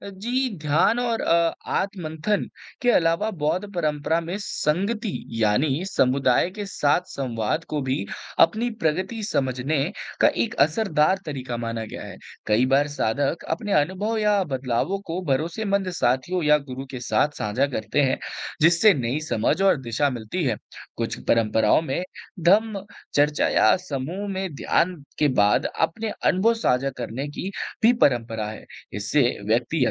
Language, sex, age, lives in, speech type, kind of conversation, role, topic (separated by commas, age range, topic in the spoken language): Hindi, male, 18-19, India, read, expert-assistant, expert, बौद्ध धर्म का अभ्यास कैसे शुरू करें और प्रगति को कैसे समझें?